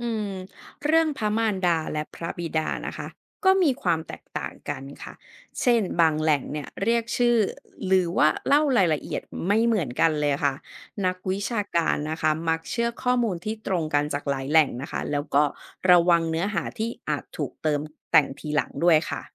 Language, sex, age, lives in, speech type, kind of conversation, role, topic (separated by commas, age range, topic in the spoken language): Thai, female, 40-44, Thailand, read, expert-assistant, expert, ทำไมชีวประวัติพระพุทธเจ้าต่างกันในแต่ละแหล่งข้อมูล?